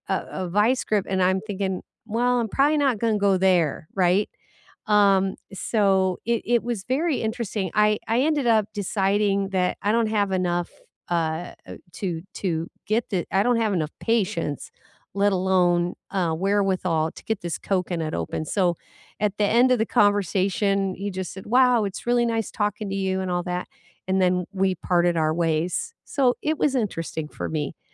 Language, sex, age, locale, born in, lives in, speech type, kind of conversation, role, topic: English, female, 60-64, en-US, United States, United States, conversation, unstructured, none, What made your best recent conversation with a stranger meaningful, and are these interactions worth seeking out?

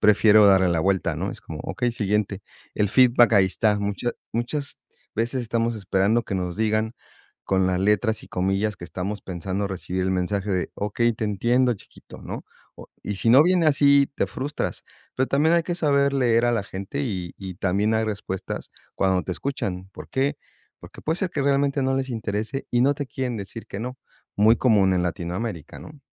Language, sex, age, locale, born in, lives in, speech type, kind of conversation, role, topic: Spanish, male, 45-49, es-MX, Mexico, Mexico, conversation, unstructured, none, ¿Has sentido tristeza por no sentirte escuchado tal como eres?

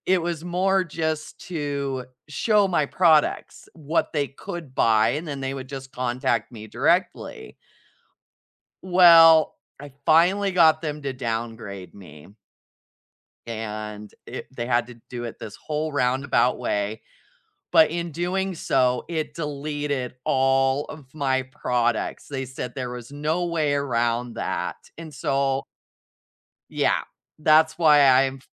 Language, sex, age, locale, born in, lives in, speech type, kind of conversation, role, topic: English, female, 40-44, en-US, United States, United States, conversation, unstructured, none, What’s something surprising you’ve learned while working toward a goal?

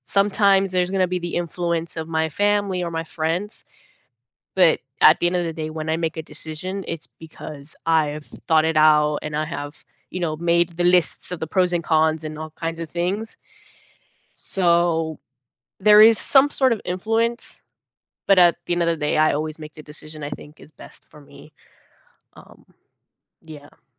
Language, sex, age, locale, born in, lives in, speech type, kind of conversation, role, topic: English, female, 18-19, en-US, United States, United States, conversation, unstructured, none, Why can making the right choice sometimes feel so challenging?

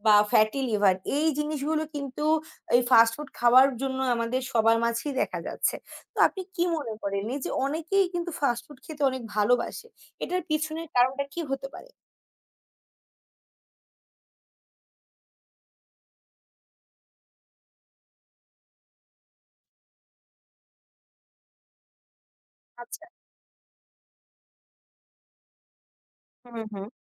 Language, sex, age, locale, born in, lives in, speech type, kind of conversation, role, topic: Bengali, female, 20-24, bn-BD, Bangladesh, Bangladesh, conversation, unstructured, none, আপনি কি মনে করেন দ্রুত প্রস্তুত খাবার আমাদের স্বাস্থ্যকে ক্ষতিগ্রস্ত করছে?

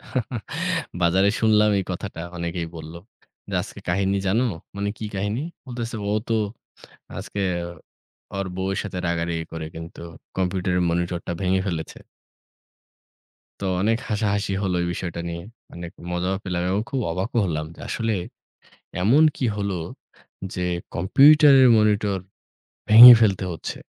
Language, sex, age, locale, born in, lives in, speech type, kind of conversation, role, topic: Bengali, female, 25-29, bn-BD, Bangladesh, Bangladesh, conversation, unstructured, none, সম্প্রতি কোন সামাজিক উদ্যোগ আপনাকে অনুপ্রাণিত করেছে?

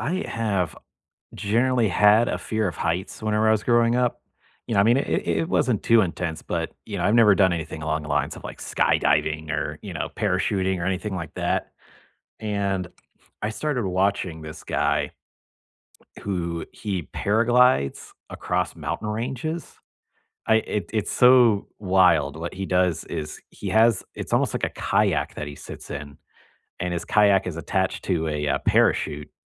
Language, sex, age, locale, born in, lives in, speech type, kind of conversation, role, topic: English, male, 30-34, en-US, United States, United States, conversation, unstructured, none, What hobbies have you been meaning to try this year?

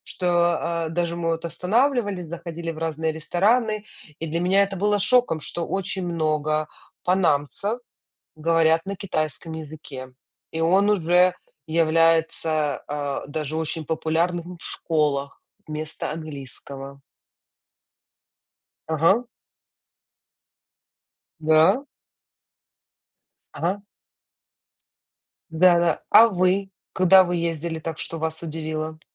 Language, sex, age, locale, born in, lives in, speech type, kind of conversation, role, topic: Russian, female, 35-39, ru-UA, Ukraine, United States, conversation, unstructured, none, Какое место в мире удивило тебя больше всего?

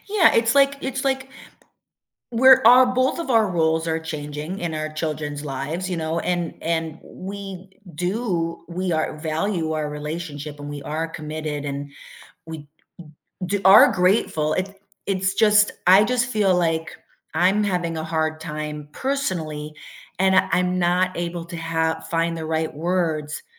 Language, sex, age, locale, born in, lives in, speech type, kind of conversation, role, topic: English, female, 50-54, en-US, United States, United States, conversation, advice, user, How can I apologize and rebuild trust after saying something hurtful to my partner?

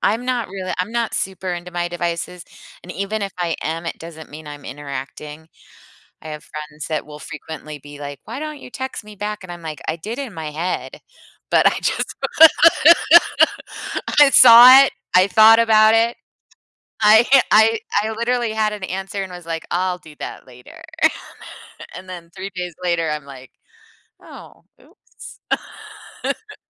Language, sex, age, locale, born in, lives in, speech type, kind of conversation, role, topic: English, female, 50-54, en-US, United States, United States, conversation, podcast, host, What routines or habits help you relax and recharge after a long day?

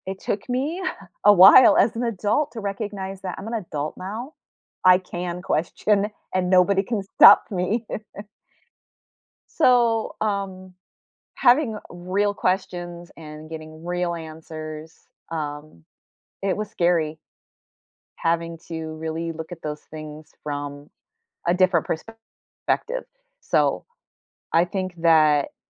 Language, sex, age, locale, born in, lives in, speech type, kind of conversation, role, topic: English, female, 50-54, en-US, United States, United States, conversation, unstructured, none, How has overcoming a fear changed your perspective or confidence?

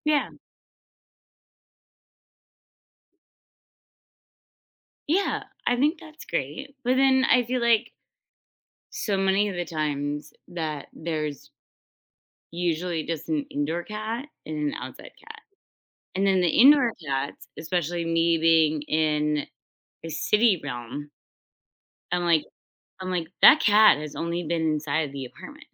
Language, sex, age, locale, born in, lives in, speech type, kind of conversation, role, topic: English, female, 30-34, en-US, United States, United States, conversation, unstructured, none, Which makes a better pet: a cat or a dog?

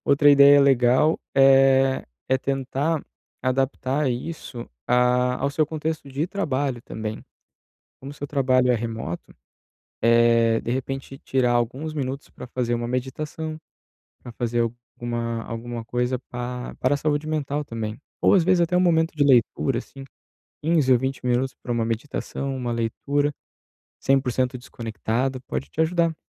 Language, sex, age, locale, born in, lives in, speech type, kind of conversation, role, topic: Portuguese, male, 30-34, pt-BR, Brazil, Italy, conversation, advice, advisor, Como posso parar de me sabotar e mudar hábitos ruins?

